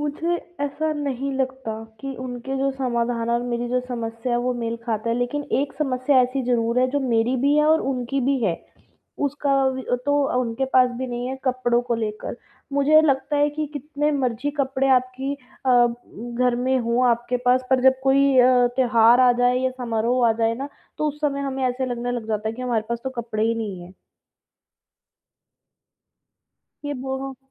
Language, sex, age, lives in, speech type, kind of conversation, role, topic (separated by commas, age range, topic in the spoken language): Hindi, female, 20-24, India, conversation, advice, user, मैं समारोह में दूसरों की अपेक्षाओं से कैसे मुक्त रहूँ?